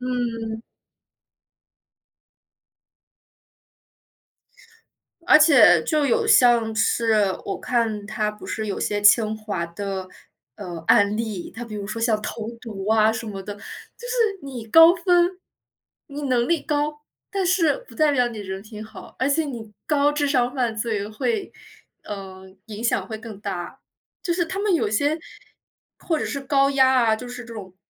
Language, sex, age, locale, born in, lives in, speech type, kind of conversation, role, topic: Chinese, female, 25-29, zh-CN, China, Germany, conversation, unstructured, none, 家长对学习成绩的期望会给学生带来压力吗？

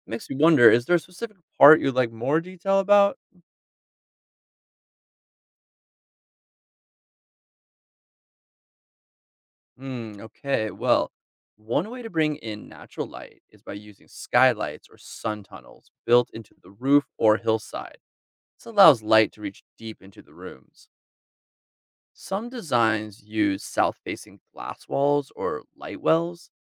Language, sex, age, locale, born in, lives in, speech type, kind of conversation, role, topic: English, male, 40-44, en-US, United States, United States, read, expert-assistant, expert, How do people design homes suited to their environment?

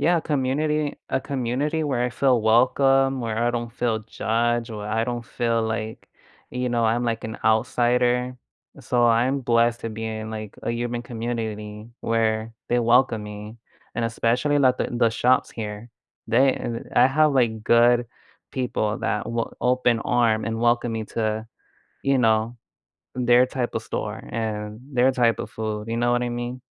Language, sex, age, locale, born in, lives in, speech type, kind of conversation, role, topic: English, male, 25-29, en-US, United States, United States, conversation, unstructured, none, How have connections in your local community affected your mental health, and what can you do this week to strengthen them?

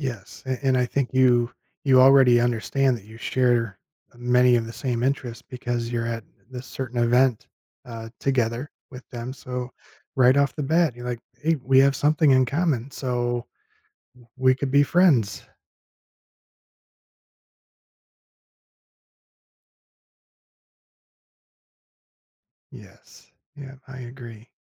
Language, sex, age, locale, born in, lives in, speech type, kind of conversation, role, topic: English, male, 50-54, en-US, United States, United States, conversation, podcast, host, In what ways does entertainment help people connect and build relationships?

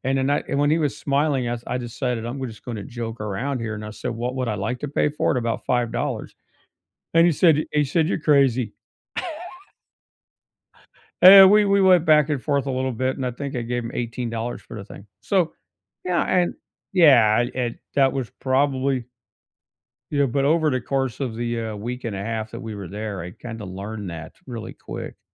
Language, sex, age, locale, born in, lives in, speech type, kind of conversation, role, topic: English, male, 55-59, en-US, United States, United States, conversation, unstructured, none, What travel memory still makes you smile, and what small moments made it so special?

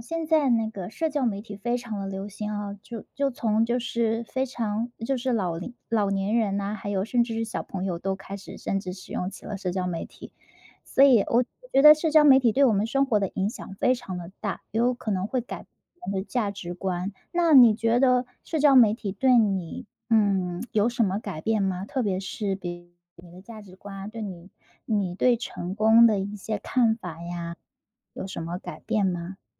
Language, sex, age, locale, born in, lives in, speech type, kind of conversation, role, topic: Chinese, female, 30-34, zh-CN, China, United States, conversation, podcast, host, 社交媒体改变你对成功的看法了吗？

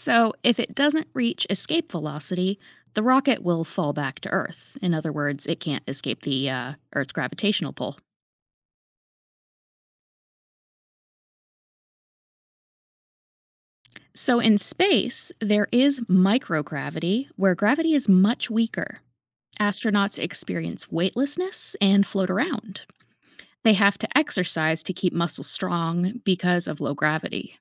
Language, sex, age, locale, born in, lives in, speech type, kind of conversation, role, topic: English, female, 30-34, en-US, United States, United States, read, expert-assistant, expert, Explain how gravity affects space travel.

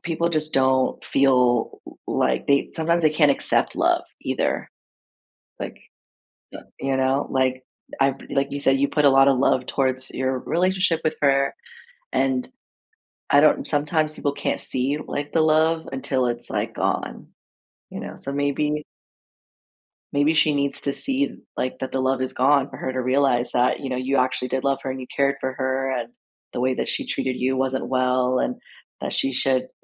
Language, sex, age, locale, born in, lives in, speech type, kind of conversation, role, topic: English, female, 30-34, en-US, United States, United States, conversation, advice, advisor, How do I resolve a misunderstanding with my close friend?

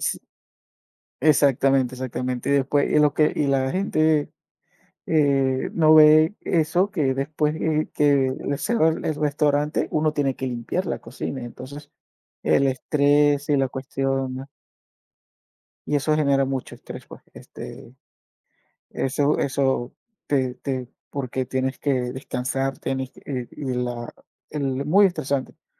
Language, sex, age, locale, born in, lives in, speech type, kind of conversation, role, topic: Spanish, male, 45-49, es-VE, Venezuela, United States, conversation, unstructured, none, ¿Alguna vez has sentido que tu trabajo te ha agotado emocionalmente?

